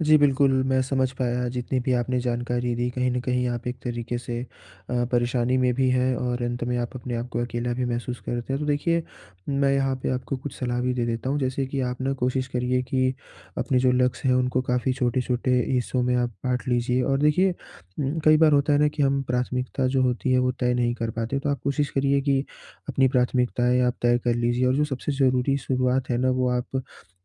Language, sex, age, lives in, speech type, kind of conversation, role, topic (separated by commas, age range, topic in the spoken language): Hindi, male, 20-24, India, conversation, advice, advisor, नई परियोजनाएँ शुरू करना बनाम समाप्त करना